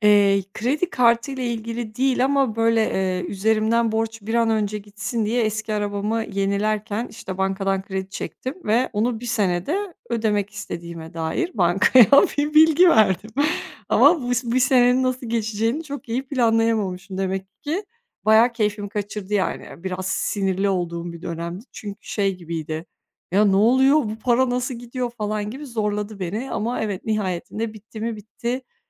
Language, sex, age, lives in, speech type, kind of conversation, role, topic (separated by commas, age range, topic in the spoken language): Turkish, female, 30-34, Bulgaria, conversation, podcast, guest, Temassız ödemeler günlük hayatınızı nasıl değiştirdi ve size hangi konularda kolaylık sağladı?